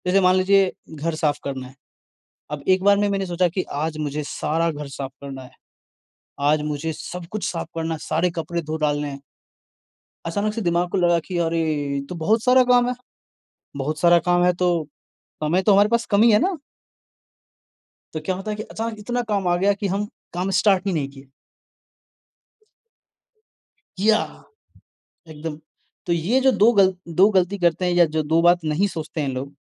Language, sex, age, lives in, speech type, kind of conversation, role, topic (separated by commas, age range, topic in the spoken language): Hindi, male, 20-24, India, conversation, advice, advisor, मैं बार-बार काम टाल देता/देती हूँ और बाद में गहरा पछतावा महसूस करता/करती हूँ—मैं इस आदत को कैसे बदलूँ?